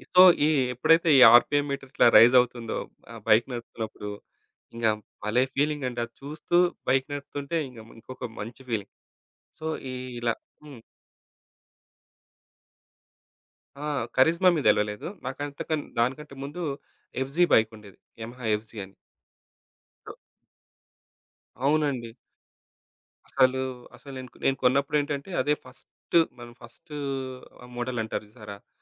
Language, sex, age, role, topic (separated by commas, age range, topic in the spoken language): Telugu, male, 35-39, guest, మీరు నిజంగా ఇష్టపడే పని ఏదో ఎలా గుర్తించారు?